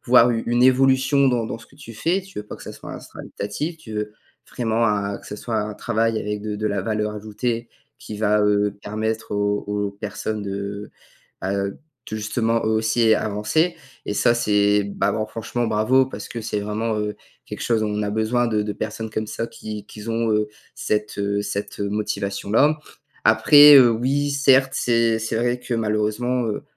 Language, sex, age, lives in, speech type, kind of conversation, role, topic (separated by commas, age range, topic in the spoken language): French, male, 18-19, France, conversation, advice, advisor, Comment puis-je clarifier les doutes que j’ai sur mon identité personnelle ou professionnelle ?